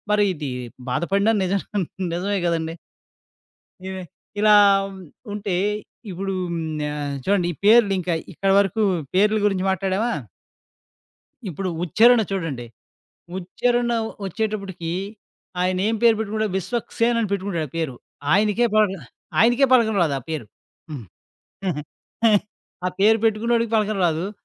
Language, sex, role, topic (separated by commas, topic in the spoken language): Telugu, male, guest, పేర్ల ఉచ్ఛారణను ఎవరైనా వింతగా లేదా తప్పుగా పలికినప్పుడు మీరు ఎలా స్పందిస్తారు?